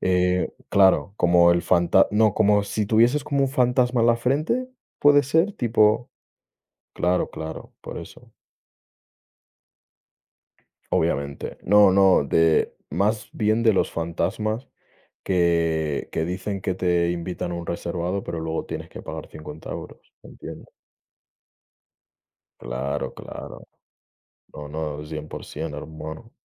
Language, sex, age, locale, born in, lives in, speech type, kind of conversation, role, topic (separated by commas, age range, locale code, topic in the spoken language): Spanish, male, 20-24, es-ES, Spain, Spain, conversation, unstructured, none, ¿Cuál es tu forma favorita de relajarte después de un día largo?